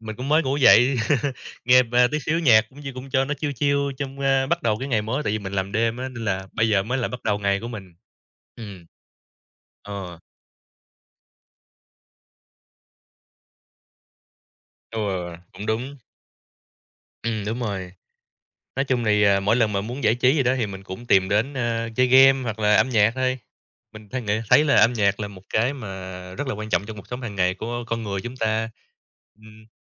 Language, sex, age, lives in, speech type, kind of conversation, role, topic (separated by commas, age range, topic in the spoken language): Vietnamese, male, 30-34, Vietnam, conversation, unstructured, none, Bạn thường nghe thể loại nhạc nào nhất trong cuộc sống hằng ngày?